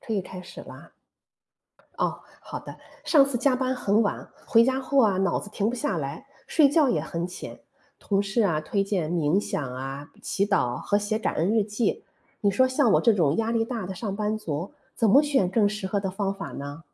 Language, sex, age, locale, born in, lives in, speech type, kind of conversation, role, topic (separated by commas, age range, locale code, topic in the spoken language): Chinese, female, 50-54, zh-CN, China, United States, read, expert-assistant, asker, 很多人说冥想、祈祷和写感恩日记都对心情有帮助，如果只能选一个先开始，应该怎么决定？